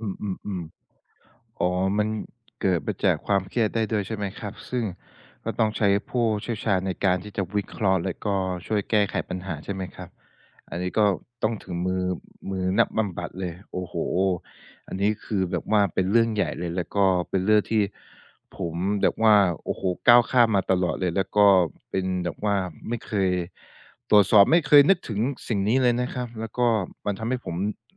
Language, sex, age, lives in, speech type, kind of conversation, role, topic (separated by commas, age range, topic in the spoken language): Thai, male, 25-29, Thailand, conversation, advice, user, ฉันจะขอความช่วยเหลือเพื่อเลิกพฤติกรรมซ้ำ ๆ ที่ทำให้ชีวิตเครียดได้อย่างไร?